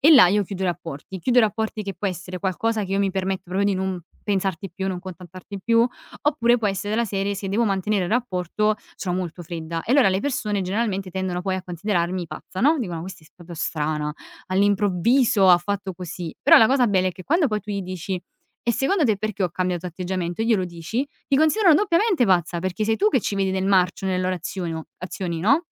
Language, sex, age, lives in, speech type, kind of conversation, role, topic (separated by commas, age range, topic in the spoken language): Italian, female, 20-24, Italy, conversation, podcast, guest, Come gestisci il giudizio degli altri quando cambi?